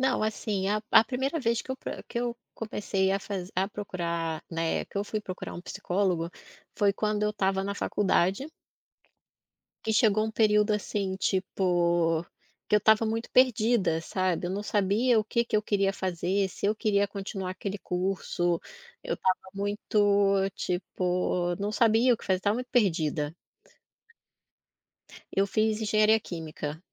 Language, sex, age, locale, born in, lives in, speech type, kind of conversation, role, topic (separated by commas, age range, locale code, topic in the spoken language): Portuguese, female, 40-44, pt-BR, Brazil, Portugal, conversation, podcast, guest, Quando você percebeu que precisava pedir ajuda?